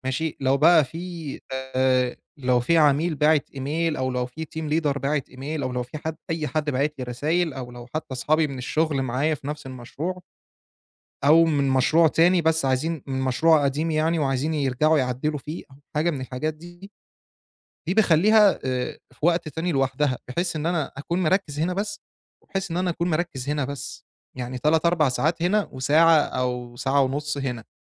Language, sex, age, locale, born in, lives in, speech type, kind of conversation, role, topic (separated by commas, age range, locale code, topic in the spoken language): Arabic, male, 25-29, ar-EG, Egypt, Egypt, conversation, advice, user, بتحس بتعب ذهني بعد ما تركز قد إيه على حاجة؟